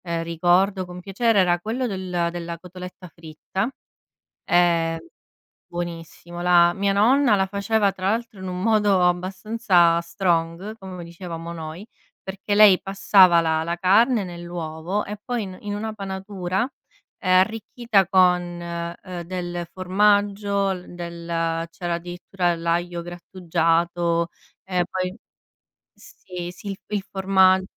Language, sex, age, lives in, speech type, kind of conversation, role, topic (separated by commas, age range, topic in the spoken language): Italian, female, 30-34, Italy, conversation, unstructured, none, Qual è il cibo che ti ricorda di più la tua infanzia?